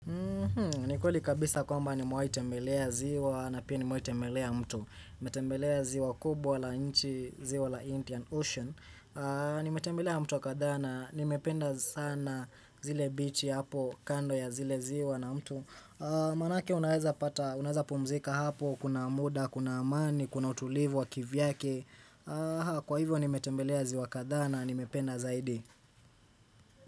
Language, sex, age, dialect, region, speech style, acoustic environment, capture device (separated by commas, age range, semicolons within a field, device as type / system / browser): Swahili, male, 18-24, Kenya - Nairobi Sheng-influenced, Kenya, focused, silence, desktop / Windows / Chrome